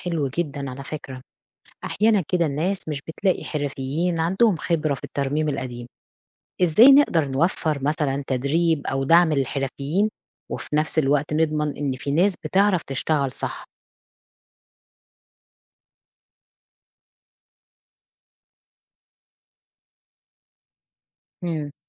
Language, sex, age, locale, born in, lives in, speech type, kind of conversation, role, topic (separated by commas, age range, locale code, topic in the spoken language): Arabic, female, 40-44, ar-EG, Egypt, Portugal, read, expert-assistant, asker, إزاي نقدر نطور الشارع من غير ما نفقد روحه القديمة؟